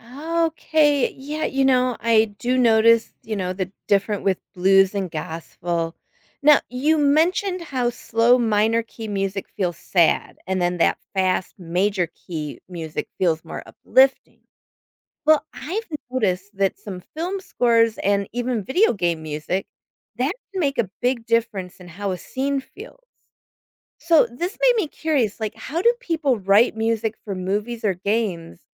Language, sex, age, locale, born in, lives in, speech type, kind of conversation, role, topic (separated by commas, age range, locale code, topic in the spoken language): English, female, 50-54, en-US, United States, United States, read, expert-assistant, asker, What makes music such a powerful way to express emotions?